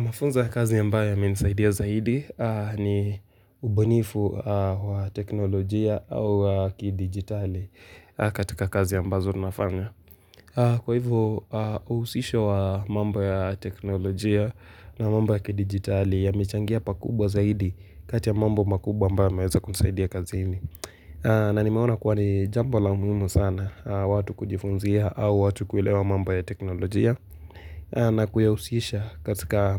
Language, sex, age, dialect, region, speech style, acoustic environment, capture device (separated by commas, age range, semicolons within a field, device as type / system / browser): Swahili, male, 18-24, Kenya - Nairobi Sheng-influenced, Kenya, focused, nature, mobile / Linux / Chrome